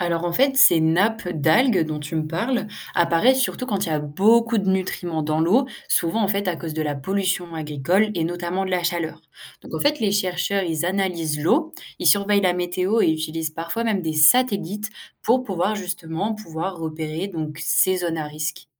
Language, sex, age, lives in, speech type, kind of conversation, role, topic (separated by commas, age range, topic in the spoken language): French, female, 18-19, France, read, expert-assistant, expert, Comment expliquer l’apparition soudaine d’algues dans une baie, et comment les chercheurs s’y prennent-ils pour trouver l’origine ?